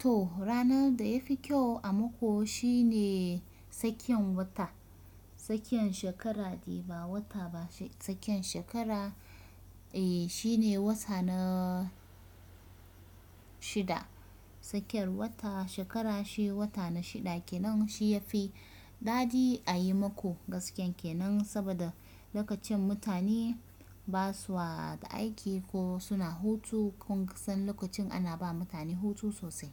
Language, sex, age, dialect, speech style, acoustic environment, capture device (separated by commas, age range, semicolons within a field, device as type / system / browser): Hausa, female, 25-34, Nigeria - Kano, relaxed, nature, desktop / Windows / Chrome